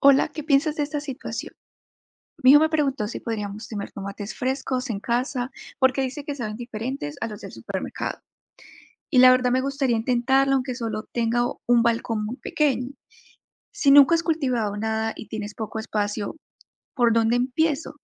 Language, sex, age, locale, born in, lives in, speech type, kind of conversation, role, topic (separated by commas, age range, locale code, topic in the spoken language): Spanish, female, 25-29, es-CO, Colombia, United States, read, expert-assistant, asker, ¿Cómo empezar a cultivar tomates y otras plantas en un balcón?